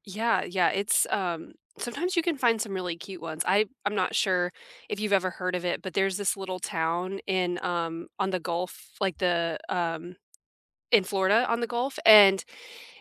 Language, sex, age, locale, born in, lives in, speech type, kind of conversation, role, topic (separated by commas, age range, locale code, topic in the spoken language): English, female, 30-34, en-US, United States, United States, conversation, unstructured, none, What souvenirs do you love bringing home, which do you skip, and why do they matter to you?